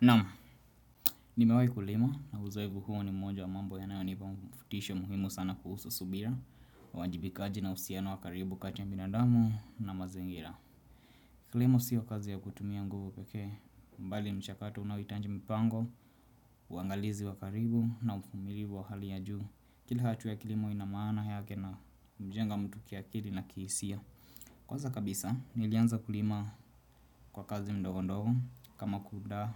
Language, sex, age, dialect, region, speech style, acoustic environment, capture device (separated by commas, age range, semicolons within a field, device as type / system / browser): Swahili, male, 25-34, Kenya - Nairobi Sheng-influenced, Kenya, relaxed, silence, desktop / Windows / Chrome